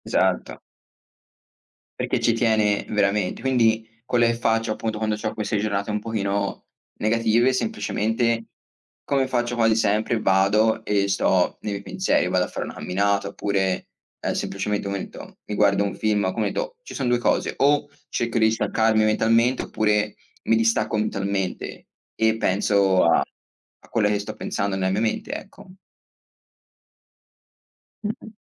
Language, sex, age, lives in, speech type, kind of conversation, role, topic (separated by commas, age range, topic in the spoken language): Italian, male, 20-24, United States, conversation, unstructured, none, Come fai a convincere gli altri a credere nei tuoi progetti?